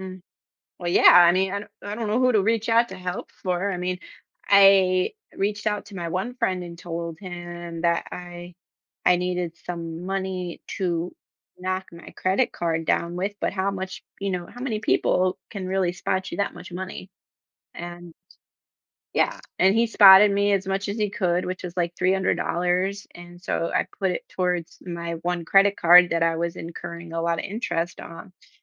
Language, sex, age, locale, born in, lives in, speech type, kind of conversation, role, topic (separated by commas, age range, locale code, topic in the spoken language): English, female, 35-39, en-US, United States, United States, conversation, podcast, guest, How can understanding credit cards help people make better financial decisions?